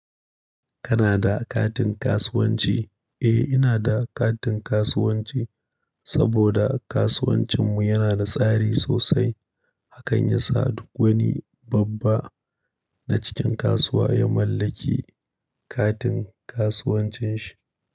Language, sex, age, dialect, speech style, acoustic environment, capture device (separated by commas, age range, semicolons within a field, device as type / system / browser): Hausa, male, 25-34, Nigeria - Nigerian Standard, happy, nature; silence, mobile / Linux / Chrome